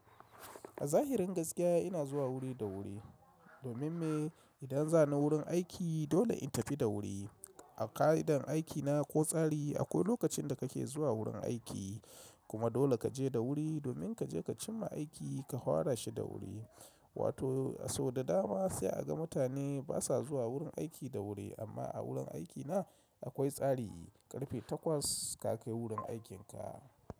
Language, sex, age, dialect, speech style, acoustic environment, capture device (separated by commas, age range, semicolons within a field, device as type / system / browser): Hausa, male, 35-44, Nigeria - Kano, relaxed; excited, silence, mobile / macOS / Safari